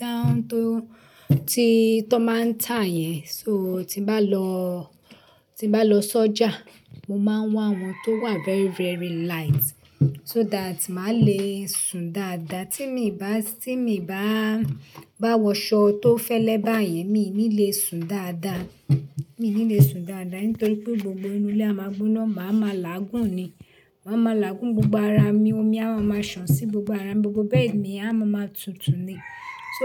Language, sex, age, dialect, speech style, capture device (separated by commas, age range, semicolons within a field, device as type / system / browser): Yoruba, female, 35-44, Nigeria - Lagos Yoruba, relaxed, desktop / Linux / Chrome